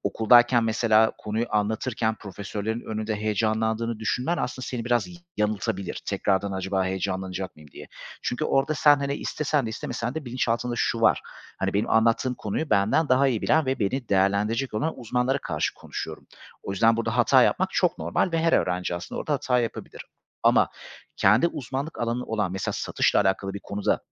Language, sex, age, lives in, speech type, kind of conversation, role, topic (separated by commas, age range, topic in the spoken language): Turkish, male, 35-39, Greece, conversation, advice, advisor, Önemli bir sunum veya toplantı öncesinde yoğun performans kaygısı yaşıyor musunuz?